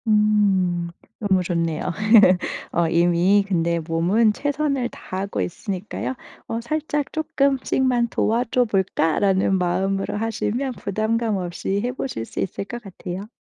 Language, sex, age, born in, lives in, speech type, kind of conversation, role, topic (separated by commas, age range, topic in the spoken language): Korean, female, 35-39, South Korea, Germany, conversation, advice, advisor, 아침에 더 활기차게 일어나려면 어떤 습관을 시작하면 좋을까요?